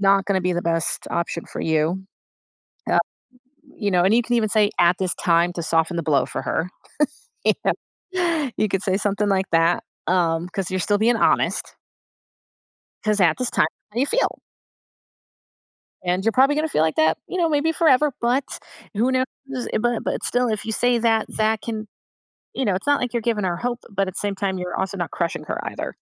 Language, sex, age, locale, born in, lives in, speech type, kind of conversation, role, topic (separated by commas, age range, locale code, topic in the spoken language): English, female, 35-39, en-US, United States, United States, conversation, advice, advisor, How can I manage ongoing tension with a close family member?